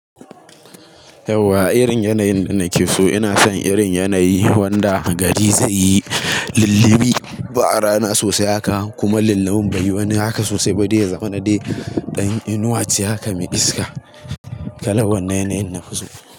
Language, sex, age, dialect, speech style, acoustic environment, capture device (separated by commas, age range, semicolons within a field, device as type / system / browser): Hausa, male, 18-24, Nigeria - Kano, relaxed, people talking, mobile / Linux / Chrome